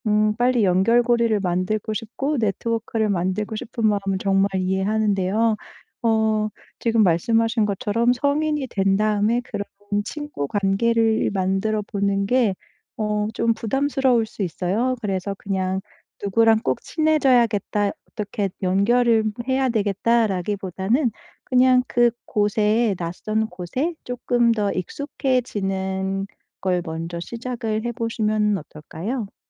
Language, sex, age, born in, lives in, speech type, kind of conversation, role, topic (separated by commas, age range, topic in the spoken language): Korean, female, 35-39, South Korea, Germany, conversation, advice, advisor, 타지에서 외로울 때 사람들과 어떻게 사회적 연결을 만들 수 있을까요?